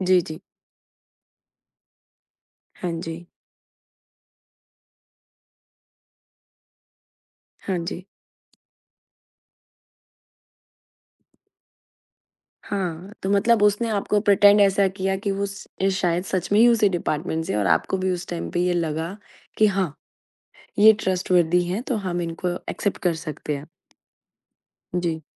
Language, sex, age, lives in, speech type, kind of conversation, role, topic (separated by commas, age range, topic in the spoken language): Hindi, female, 35-39, India, conversation, podcast, host, पड़ोस में भरोसा कैसे बनाया जा सकता है?